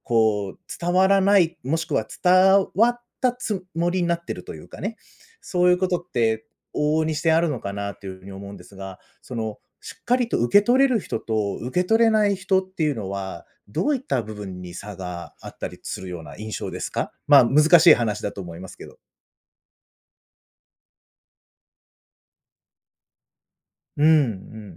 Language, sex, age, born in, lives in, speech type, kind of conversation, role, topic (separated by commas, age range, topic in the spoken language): Japanese, male, 35-39, Japan, Japan, conversation, podcast, host, 忘れられない先生や恩師についてのエピソードはありますか？